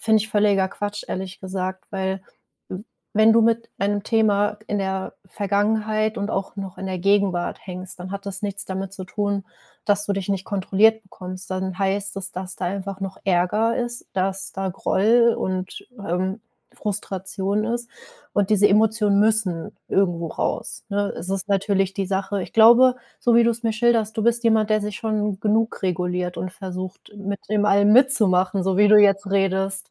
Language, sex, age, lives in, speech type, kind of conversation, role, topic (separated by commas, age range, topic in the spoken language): German, female, 25-29, Germany, conversation, advice, advisor, Wie kann ich meine emotionalen Reaktionen kontrollieren und erkennen, wann ich mich verteidigen oder loslassen sollte?